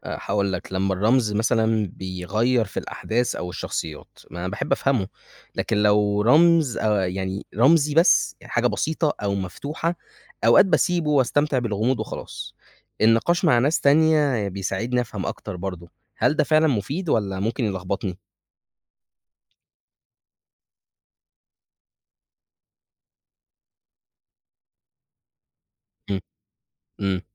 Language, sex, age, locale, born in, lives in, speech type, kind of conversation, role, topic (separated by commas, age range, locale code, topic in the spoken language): Arabic, male, 20-24, ar-EG, Egypt, Egypt, read, expert-assistant, asker, لو بحب أقرا أدب من بلاد تانية بس ساعات بحس إني مش فاهم كل الرموز أو المعاني، ممكن أعمل إيه؟